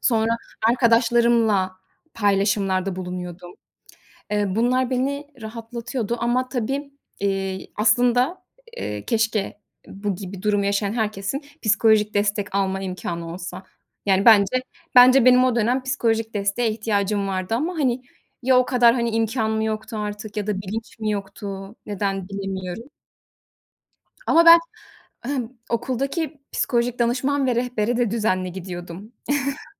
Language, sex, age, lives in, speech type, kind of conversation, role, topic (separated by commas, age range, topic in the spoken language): Turkish, female, 30-34, Netherlands, conversation, podcast, guest, Sınav kaygısıyla başa çıkmak için hangi yöntemleri kullanıyorsun?